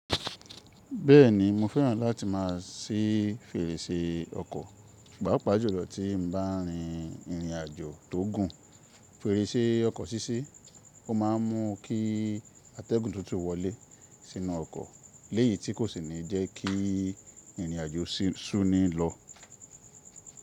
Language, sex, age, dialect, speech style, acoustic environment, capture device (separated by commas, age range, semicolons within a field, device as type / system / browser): Yoruba, male, 25-34, Nigeria - Ibadan, happy, nature, mobile / Linux / Chrome